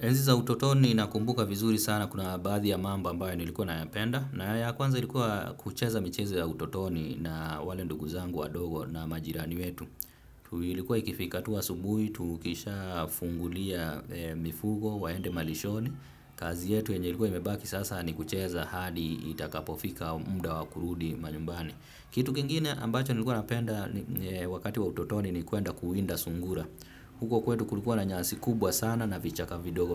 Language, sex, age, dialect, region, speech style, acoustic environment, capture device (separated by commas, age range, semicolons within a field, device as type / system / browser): Swahili, male, 25-34, Kenya - Nairobi Sheng-influenced, Kenya, relaxed, traffic, desktop / Windows / Firefox